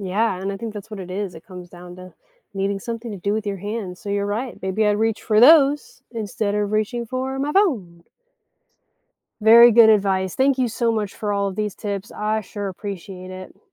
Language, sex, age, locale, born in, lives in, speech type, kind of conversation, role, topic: English, female, 35-39, en-US, United States, United States, read, expert-assistant, asker, What are effective ways to manage your screen time and prevent digital burnout?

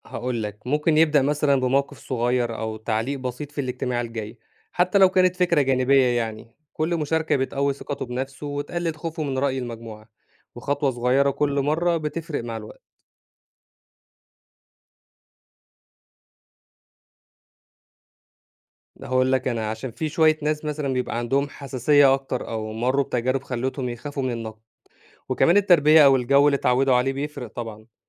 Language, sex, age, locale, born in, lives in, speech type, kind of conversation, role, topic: Arabic, male, 25-29, ar-EG, Egypt, Egypt, read, expert-assistant, expert, ممكن تشرحلي يعني إيه تأثير المجموعة على تصرفات الفرد؟ زي ليه ساعات الناس بتتصرف بشكل مختلف لما يكونوا وسط ناس كتير؟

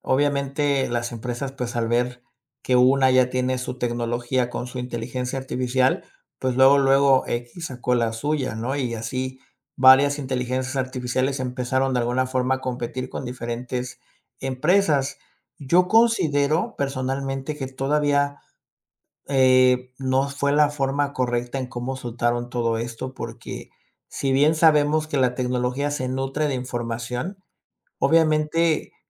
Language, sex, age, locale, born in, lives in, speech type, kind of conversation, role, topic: Spanish, male, 30-34, es-MX, Mexico, Mexico, conversation, podcast, guest, ¿Cómo afectará la tecnología a los trabajos de siempre?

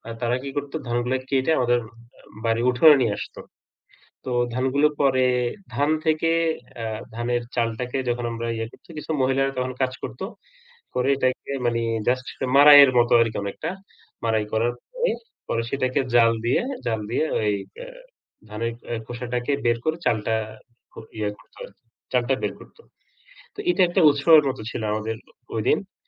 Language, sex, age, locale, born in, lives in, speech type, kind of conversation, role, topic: Bengali, male, 35-39, bn-BD, Bangladesh, Bangladesh, conversation, unstructured, none, বাংলাদেশের কোন ঐতিহ্যবাহী উৎসবটি আপনার সবচেয়ে প্রিয়?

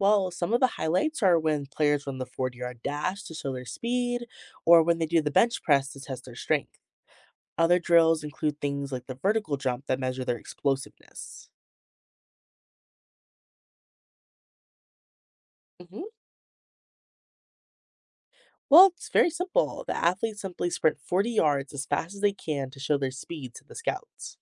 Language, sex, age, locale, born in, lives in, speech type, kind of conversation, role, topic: English, female, 18-19, en-US, United States, United States, read, expert-assistant, expert, Explain how the NFL combine works.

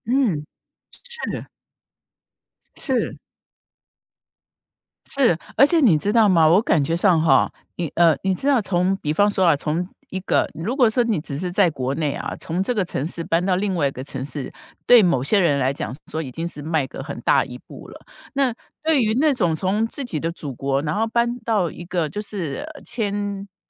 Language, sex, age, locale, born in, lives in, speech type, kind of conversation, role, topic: Chinese, female, 55-59, zh-TW, Taiwan, United States, conversation, podcast, host, 你如何看待你家族祖辈的搬迁或移民经历？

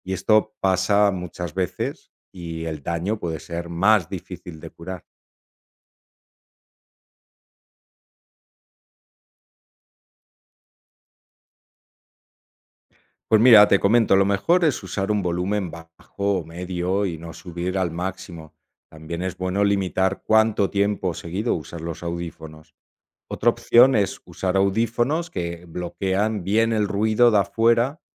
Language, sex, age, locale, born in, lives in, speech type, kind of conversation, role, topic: Spanish, male, 45-49, es-ES, Spain, Italy, read, expert-assistant, expert, ¿Cómo afecta el ruido excesivo a la salud humana?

